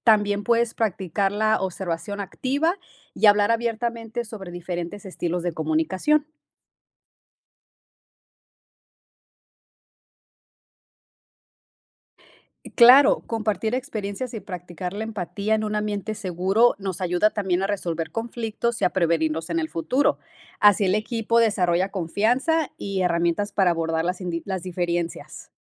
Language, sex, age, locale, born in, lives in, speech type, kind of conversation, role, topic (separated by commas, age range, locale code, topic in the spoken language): Spanish, female, 30-34, es-MX, Mexico, United States, read, expert-assistant, expert, ¿Cómo puedo mejorar mi empatía y comunicación al interactuar con personas de diferentes contextos culturales?